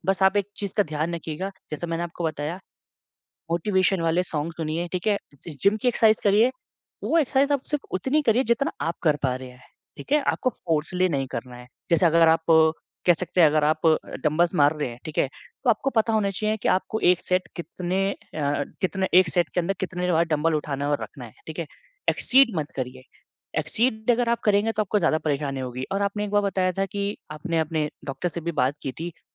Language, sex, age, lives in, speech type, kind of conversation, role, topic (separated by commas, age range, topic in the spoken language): Hindi, male, 25-29, India, conversation, advice, advisor, चोट के बाद मैं अपनी प्रेरणा कैसे बनाए रख सकता/सकती हूँ?